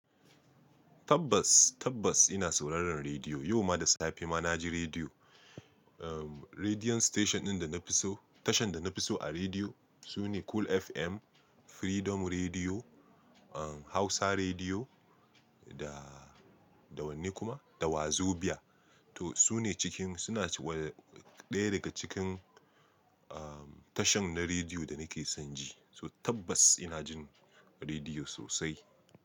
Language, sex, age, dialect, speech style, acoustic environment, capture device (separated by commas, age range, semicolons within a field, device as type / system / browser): Hausa, male, 25-34, Nigeria - Nigerian Standard, relaxed, silence; nature, mobile / Linux / Chrome